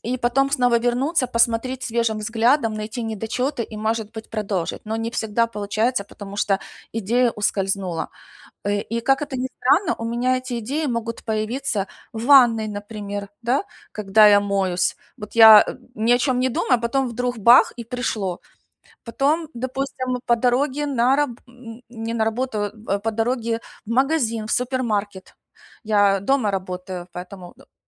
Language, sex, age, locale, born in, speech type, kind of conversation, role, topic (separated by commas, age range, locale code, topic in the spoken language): Russian, female, 45-49, ru-UA, Ukraine, conversation, advice, user, Как мне регулярно и понятно записывать идеи и новые концепции?